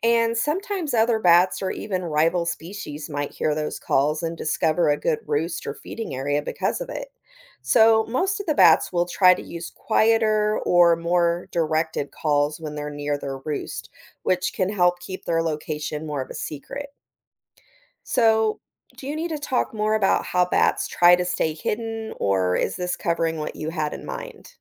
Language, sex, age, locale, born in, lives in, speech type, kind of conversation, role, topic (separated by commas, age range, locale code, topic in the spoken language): English, female, 40-44, en-US, United States, United States, read, expert-assistant, expert, How do bats use echolocation?